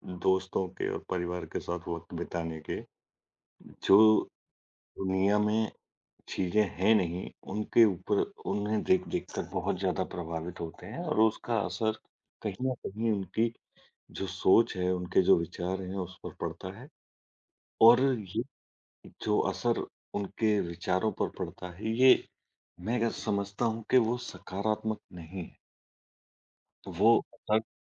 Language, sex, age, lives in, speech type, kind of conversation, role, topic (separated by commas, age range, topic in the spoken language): Hindi, male, 40-44, India, conversation, unstructured, none, आप अपने स्मार्टफोन का उपयोग करने में कितना समय बिताते हैं?